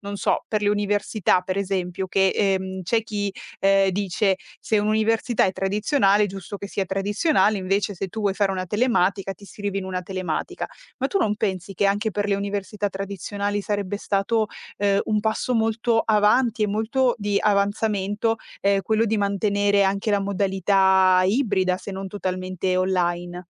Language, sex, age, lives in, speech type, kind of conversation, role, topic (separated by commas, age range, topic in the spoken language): Italian, female, 25-29, Italy, conversation, podcast, host, Come concili il lavoro con la vita domestica?